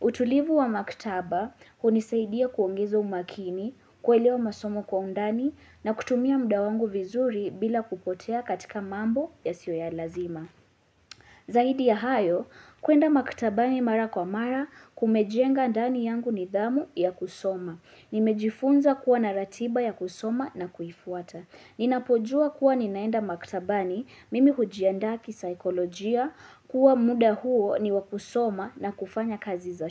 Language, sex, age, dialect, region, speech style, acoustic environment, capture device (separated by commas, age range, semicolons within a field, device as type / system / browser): Swahili, female, 18-24, Kenya - Nairobi Sheng-influenced, Kenya, focused, silence, mobile / Linux / Chrome